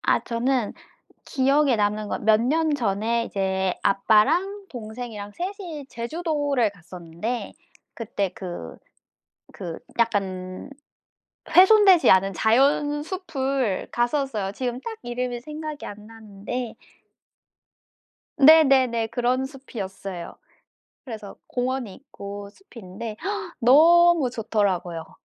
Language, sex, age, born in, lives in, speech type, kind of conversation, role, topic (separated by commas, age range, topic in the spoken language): Korean, female, 25-29, South Korea, France, conversation, unstructured, none, 숲속에서 가장 특별하다고 느꼈던 순간은 언제였나요?